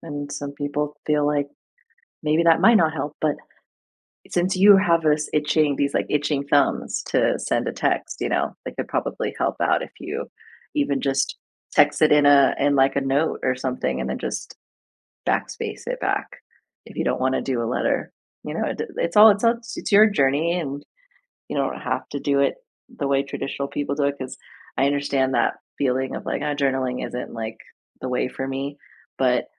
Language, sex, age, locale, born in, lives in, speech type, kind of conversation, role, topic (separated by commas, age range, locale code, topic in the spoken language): English, female, 30-34, en-US, United States, United States, conversation, advice, advisor, How do I cope with intense emotions and start moving on after a breakup?